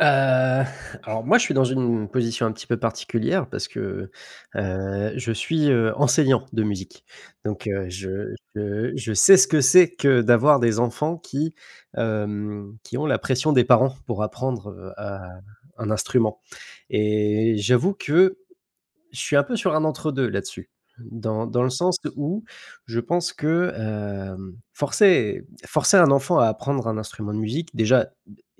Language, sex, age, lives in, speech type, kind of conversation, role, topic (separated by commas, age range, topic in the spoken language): French, male, 25-29, France, conversation, unstructured, none, Est-il juste de forcer les enfants à apprendre à jouer d’un instrument de musique ?